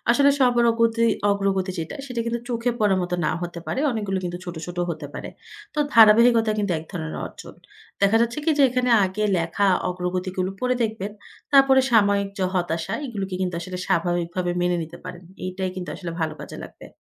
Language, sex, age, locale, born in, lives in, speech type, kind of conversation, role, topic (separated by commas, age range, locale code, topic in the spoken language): Bengali, female, 25-29, bn-BD, Bangladesh, Finland, read, expert-assistant, expert, অনেক সময় আমরা জানি কী করা উচিত, তারপরও কেন সেটা করি না—এর পেছনের মনস্তাত্ত্বিক কারণগুলো কী?